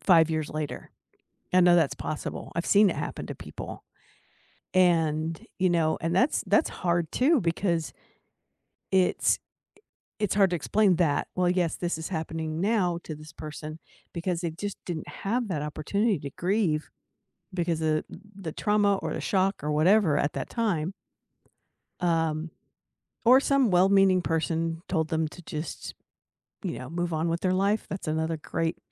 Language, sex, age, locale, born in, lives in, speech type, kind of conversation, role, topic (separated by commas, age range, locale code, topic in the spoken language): English, female, 60-64, en-US, United States, United States, conversation, unstructured, none, What do you think people misunderstand most about grief?